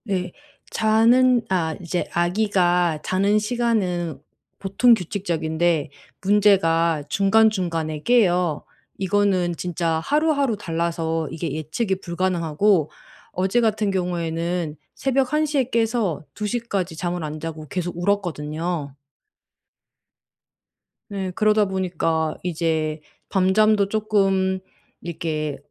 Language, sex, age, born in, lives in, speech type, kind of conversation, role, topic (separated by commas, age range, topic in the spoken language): Korean, female, 35-39, South Korea, Germany, conversation, advice, user, 낮잠 때문에 밤잠이 깨지는데 낮잠과 밤잠의 균형을 어떻게 맞출 수 있을까요?